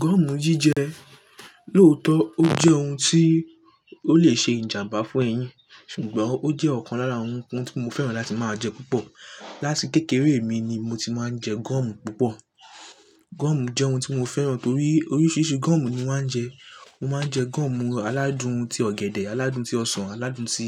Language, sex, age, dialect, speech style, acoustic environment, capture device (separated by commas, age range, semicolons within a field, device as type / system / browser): Yoruba, male, 18-24, Nigeria - Lagos Yoruba, relaxed; focused, nature, mobile / Linux / Chrome